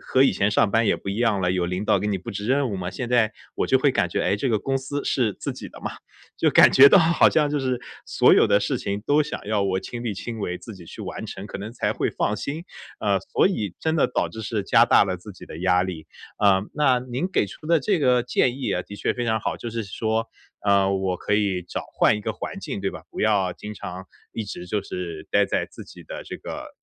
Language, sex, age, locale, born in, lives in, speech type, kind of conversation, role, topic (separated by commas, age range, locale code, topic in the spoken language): Chinese, male, 30-34, zh-CN, China, United States, conversation, advice, user, 我该如何在日程中合理划分休息与恢复时间，才能保持效率与平衡？